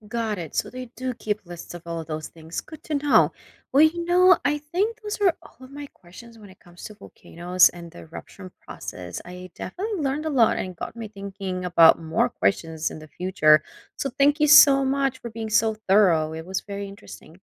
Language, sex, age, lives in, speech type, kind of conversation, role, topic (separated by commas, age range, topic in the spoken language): English, female, 30-34, United States, read, expert-assistant, asker, How does a volcano erupt?